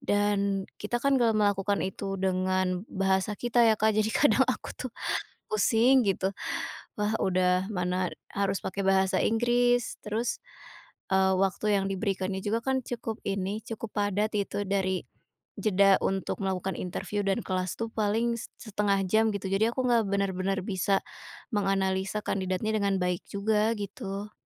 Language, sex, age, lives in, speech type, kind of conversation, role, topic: Indonesian, female, 25-29, Indonesia, conversation, advice, user, Bagaimana cara meminta kenaikan gaji setelah bekerja lama di perusahaan?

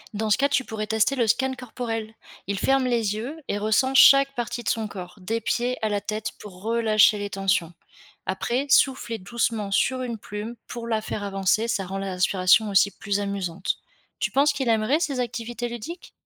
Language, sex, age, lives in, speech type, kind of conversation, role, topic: French, female, 25-29, France, read, expert-assistant, expert, Quels sont les meilleurs livres ou podcasts pour comprendre la physiologie humaine au quotidien ?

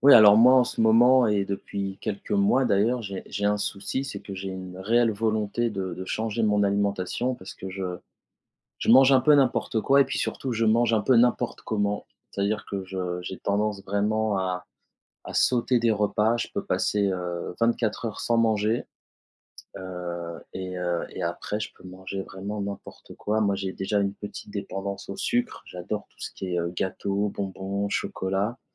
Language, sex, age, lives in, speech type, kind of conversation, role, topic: French, male, 35-39, France, conversation, advice, user, Comment arrêter de manger impulsivement malgré ma volonté de changer mon alimentation ?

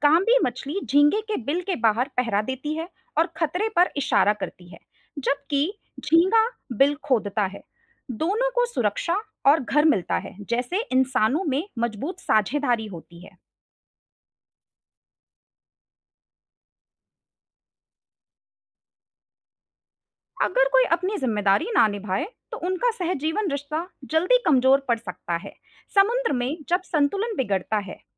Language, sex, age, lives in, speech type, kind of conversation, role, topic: Hindi, female, 25-29, India, read, expert-assistant, expert, समुद्री जीवों के बीच सहजीवन (symbiosis) के लिए कोई रोचक वास्तविक जीवन उदाहरण बताइए, जिसे हम अपने रोज़मर्रा के रिश्तों से तुलना कर सकें।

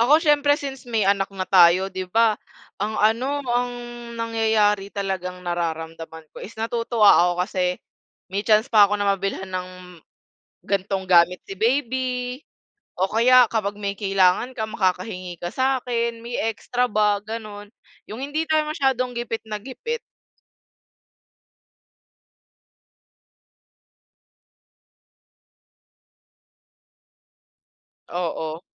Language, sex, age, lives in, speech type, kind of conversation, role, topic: Filipino, female, 20-24, Philippines, conversation, unstructured, none, Ano ang pinakamasayang naramdaman mo matapos makapagtipid?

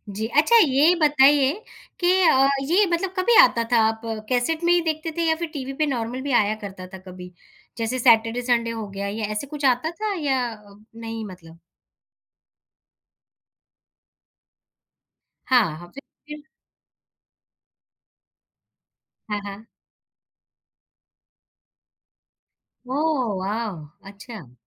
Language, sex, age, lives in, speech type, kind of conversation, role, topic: Hindi, female, 35-39, India, conversation, podcast, host, Kya koi TV show ya cartoon tha jo tum hamesha dekhte the?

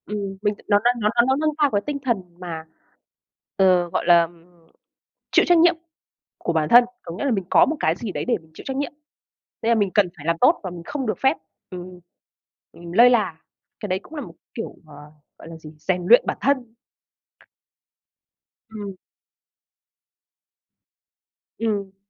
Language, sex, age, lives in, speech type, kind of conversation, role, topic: Vietnamese, female, 25-29, Vietnam, conversation, unstructured, none, Bạn nghĩ sao về việc nuôi thú cưng so với không nuôi thú cưng?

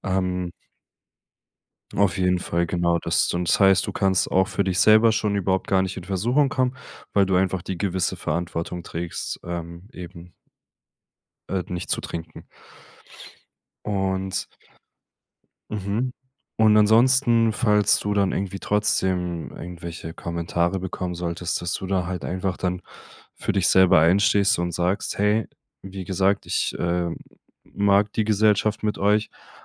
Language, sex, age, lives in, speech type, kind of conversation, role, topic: German, male, 25-29, Germany, conversation, advice, advisor, Wie kann ich an Feiern teilnehmen, ohne mich zu verstellen?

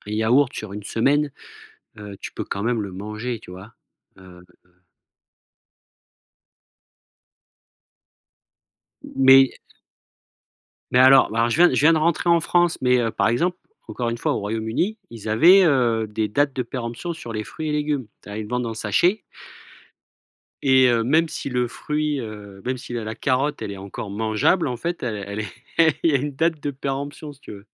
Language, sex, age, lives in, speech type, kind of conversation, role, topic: French, male, 40-44, France, conversation, podcast, guest, Comment fais-tu tes courses pour manger mieux et jeter moins ?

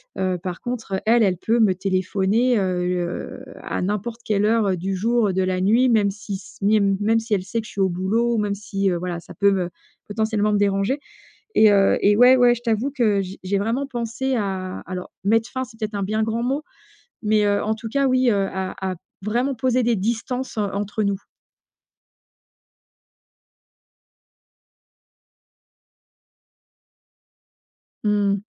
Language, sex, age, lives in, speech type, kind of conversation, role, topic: French, female, 45-49, France, conversation, advice, user, Comment décider de mettre fin à une amitié toxique ?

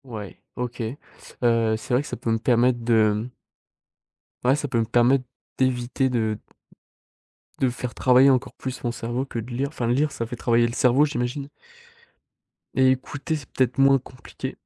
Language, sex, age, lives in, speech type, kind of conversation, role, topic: French, male, 18-19, France, conversation, advice, user, Pourquoi ai-je du mal à me détendre avant de me coucher, avec des pensées qui tournent en boucle ?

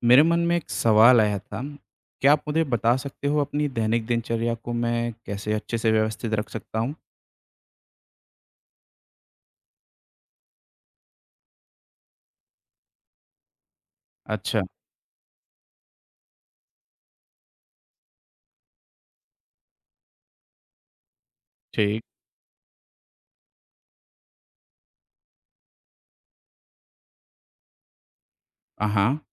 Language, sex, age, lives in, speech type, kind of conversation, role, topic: Hindi, male, 30-34, India, read, expert-assistant, asker, दैनिक दिनचर्या को व्यवस्थित कैसे करें?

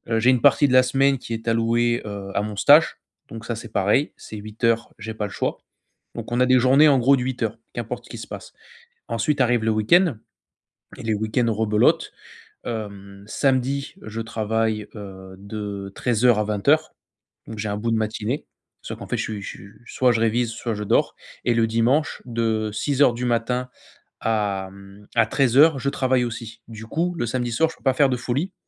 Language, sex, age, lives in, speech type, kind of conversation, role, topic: French, male, 25-29, France, conversation, advice, user, Comment trouver du temps pour mes passions malgré un emploi du temps chargé ?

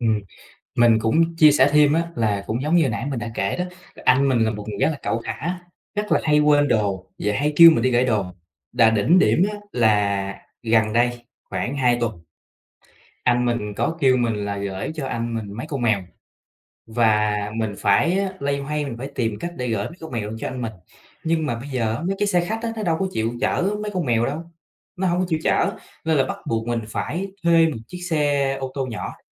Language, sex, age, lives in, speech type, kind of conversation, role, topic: Vietnamese, male, 25-29, Vietnam, conversation, advice, user, Bạn đang cảm thấy căng thẳng như thế nào sau cuộc cãi vã với người thân?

